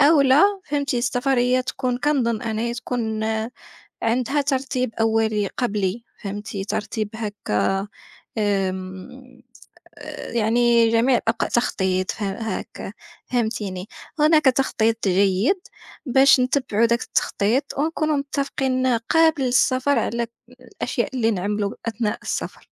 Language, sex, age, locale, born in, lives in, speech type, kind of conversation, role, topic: Arabic, female, 25-29, ar-MA, Morocco, France, conversation, unstructured, none, إيه أصعب تجربة مريت بيها وإنت مسافر؟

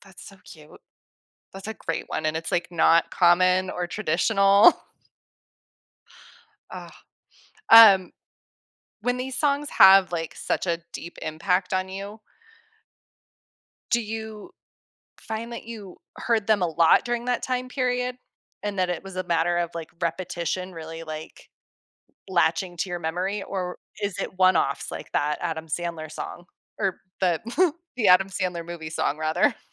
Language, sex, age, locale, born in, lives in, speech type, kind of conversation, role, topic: English, female, 35-39, en-US, United States, United States, conversation, podcast, host, Why do songs from our childhood have such a strong emotional impact on us?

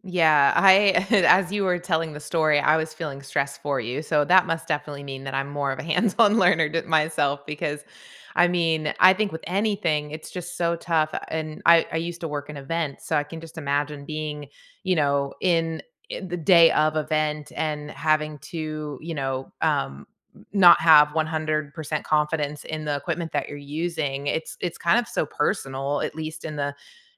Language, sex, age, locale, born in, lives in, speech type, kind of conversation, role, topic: English, female, 40-44, en-US, United States, United States, conversation, unstructured, none, Which learning style works best for you—visual, hands-on, or auditory?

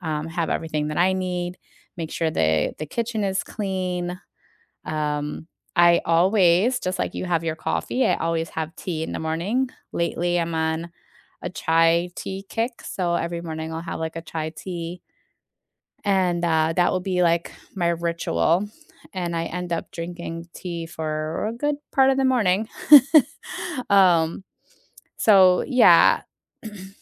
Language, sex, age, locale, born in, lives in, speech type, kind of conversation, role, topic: English, female, 40-44, en-US, United States, United States, conversation, unstructured, none, What small daily rituals can make your mornings better?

